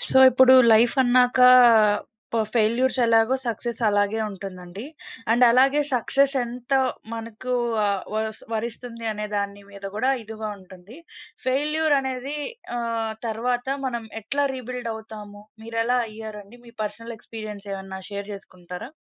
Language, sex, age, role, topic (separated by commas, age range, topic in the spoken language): Telugu, female, 20-24, host, ఒక పెద్ద విఫలం తర్వాత మీరు మళ్లీ మీ జీవితాన్ని ఎలా పునర్నిర్మించుకుంటారు?